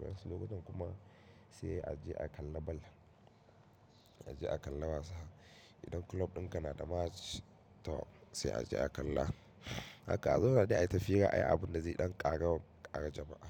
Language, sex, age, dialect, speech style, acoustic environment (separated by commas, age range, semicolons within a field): Hausa, male, 25-34, Nigeria - Katsina, happy, silence; nature